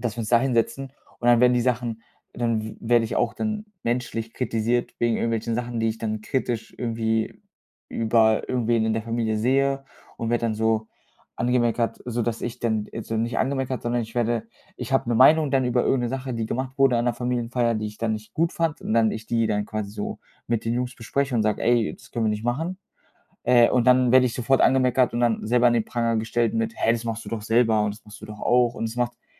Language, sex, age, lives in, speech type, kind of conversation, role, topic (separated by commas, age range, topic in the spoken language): German, male, 25-29, Germany, conversation, advice, user, Wie kann ich Kritik ruhig annehmen, ohne mich emotional überwältigt zu fühlen?